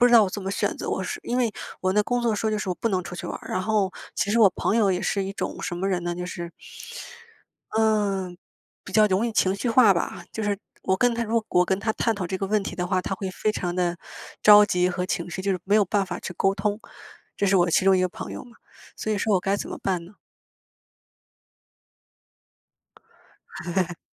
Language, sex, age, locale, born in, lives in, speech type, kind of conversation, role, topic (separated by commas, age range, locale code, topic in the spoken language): Chinese, female, 25-29, zh-CN, China, United States, conversation, unstructured, none, 你觉得解决问题最有效的方法是什么？